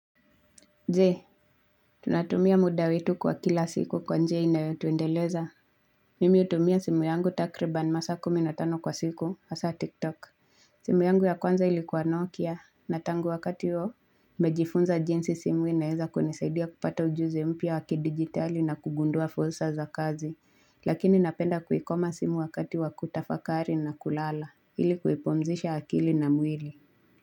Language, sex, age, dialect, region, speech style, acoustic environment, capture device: Swahili, female, 25-34, Kenya - Nairobi Sheng-influenced, Kenya, relaxed, nature, mobile / Linux / Chrome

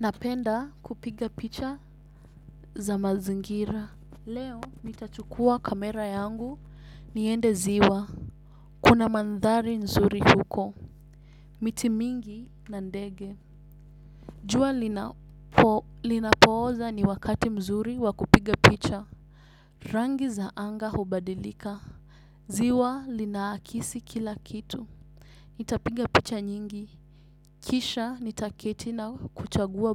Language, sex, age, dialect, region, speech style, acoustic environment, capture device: Swahili, female, 18-24, Kenya - Nairobi Sheng-influenced, Kenya, relaxed, silence; nature, desktop / Windows / Chrome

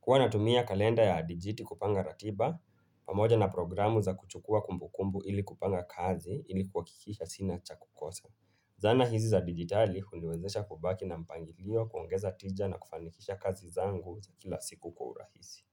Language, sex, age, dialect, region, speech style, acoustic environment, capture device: Swahili, male, 25-34, Kenya - Nairobi Sheng-influenced, Kenya, relaxed; focused, nature; appliances, mobile / Linux / Chrome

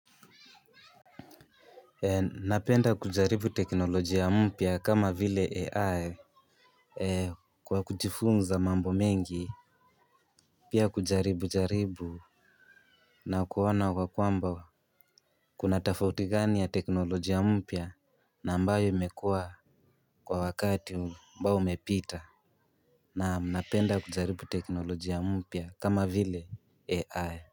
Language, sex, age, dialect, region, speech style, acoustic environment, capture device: Swahili, male, 18-24, Kenya - Nairobi Sheng-influenced, Kenya, relaxed, people talking, mobile / Linux / Chrome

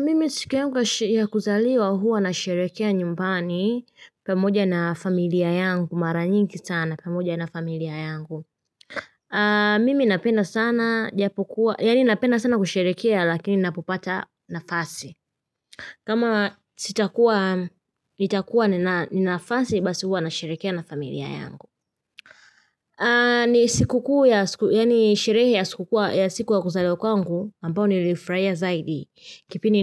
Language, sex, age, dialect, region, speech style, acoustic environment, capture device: Swahili, female, 25-34, Tanzania - Dar es Salaam, Tanzania, relaxed; happy; focused; excited, silence; nature, mobile / Linux / Chrome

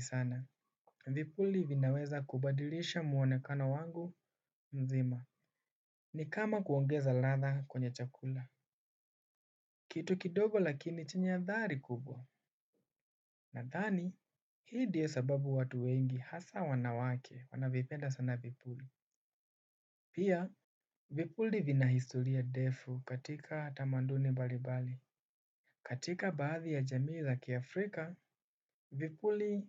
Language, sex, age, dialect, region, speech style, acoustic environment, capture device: Swahili, male, 18-24, Kenya - Nairobi Sheng-influenced, Kenya, focused, nature, desktop / Windows / Chrome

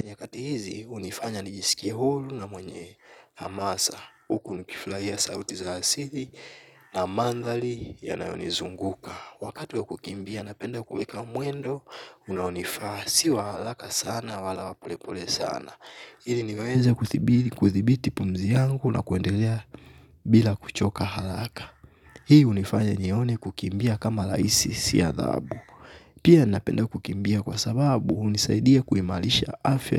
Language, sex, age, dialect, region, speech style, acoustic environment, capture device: Swahili, male, 25-34, Tanzania - Dar es Salaam, Tanzania, relaxed; focused, silence, mobile / Linux / Chrome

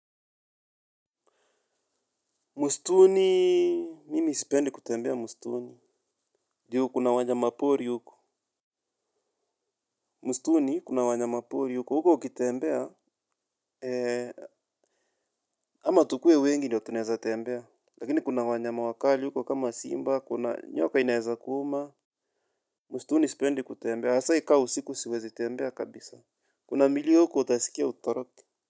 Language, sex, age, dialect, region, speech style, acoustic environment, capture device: Swahili, male, 25-34, Kenya - Nairobi Sheng-influenced, Kenya, happy, silence, mobile / Linux / Chrome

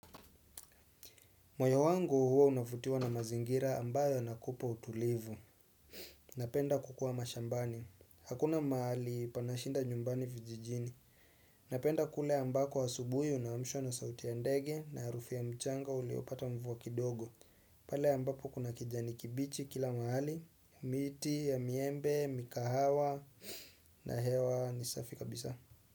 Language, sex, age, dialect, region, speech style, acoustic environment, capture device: Swahili, male, 25-34, Kenya - Nairobi Sheng-influenced, Kenya, relaxed; focused, silence; nature, desktop / Windows / Chrome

